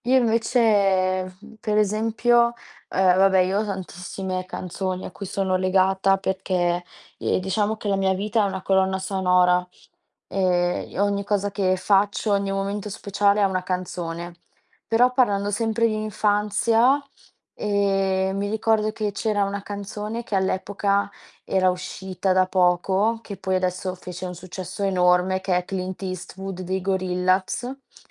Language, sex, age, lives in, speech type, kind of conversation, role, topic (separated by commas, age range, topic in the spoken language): Italian, female, 20-24, Italy, conversation, unstructured, none, Qual è il ricordo più bello legato a un film o a una canzone?